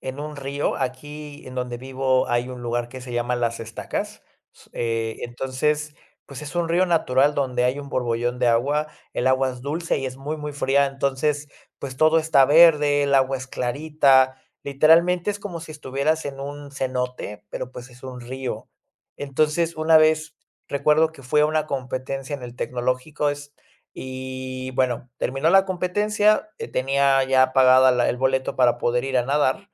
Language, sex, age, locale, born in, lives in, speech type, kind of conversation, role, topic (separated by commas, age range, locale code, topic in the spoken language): Spanish, male, 30-34, es-MX, Mexico, Mexico, conversation, podcast, guest, ¿Qué actividad te hace perder la noción del tiempo?